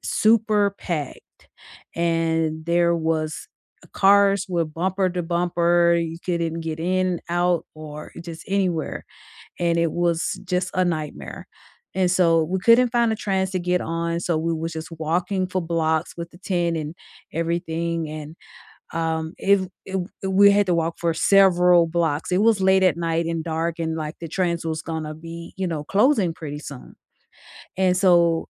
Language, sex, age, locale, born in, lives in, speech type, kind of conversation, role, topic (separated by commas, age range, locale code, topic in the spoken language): English, female, 45-49, en-US, United States, United States, conversation, unstructured, none, What is the most memorable public transit ride you’ve taken, and what did you learn from it?